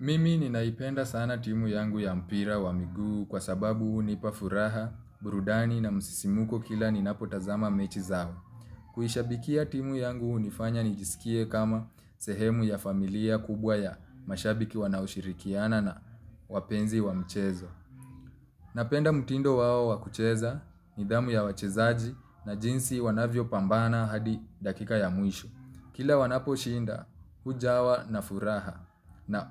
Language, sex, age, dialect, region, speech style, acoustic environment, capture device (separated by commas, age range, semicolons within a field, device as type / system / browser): Swahili, male, 25-34, Kenya - Nairobi Sheng-influenced, Kenya, relaxed, silence, mobile / Linux / Chrome